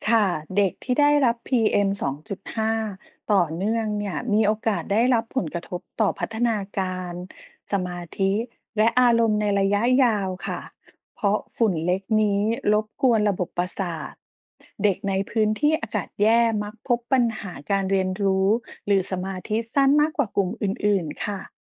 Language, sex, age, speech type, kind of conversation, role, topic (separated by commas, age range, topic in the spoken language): Thai, female, 40-44, read, expert-assistant, expert, ข่าวเกี่ยวกับฝุ่น PM2.5 มีทั้งข้อมูลที่บอกว่าอันตรายมากกับสุขภาพ บางแหล่งบอกว่าไม่ต้องกังวลมากนัก สรุปแล้ว PM2.5 มีผลกระทบต่อสุขภาพในระยะสั้นและยาวอย่างไร? ควรป้องกันตัวเองแค่ไหน?